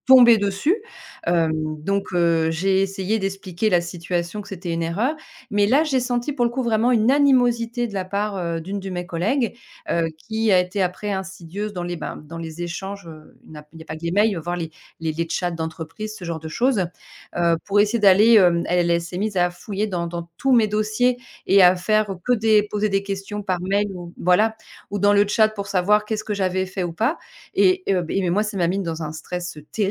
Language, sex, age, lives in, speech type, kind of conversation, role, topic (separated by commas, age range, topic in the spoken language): French, female, 40-44, France, conversation, podcast, guest, Pourquoi, à ton avis, autant d’e-mails créent-ils des malentendus ?